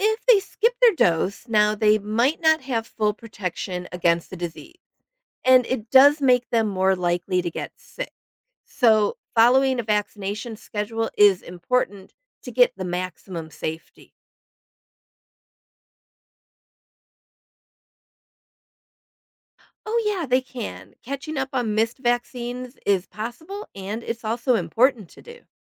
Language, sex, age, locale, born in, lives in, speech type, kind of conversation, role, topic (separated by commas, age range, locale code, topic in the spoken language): English, female, 50-54, en-US, United States, United States, read, expert-assistant, expert, How do vaccines protect against diseases?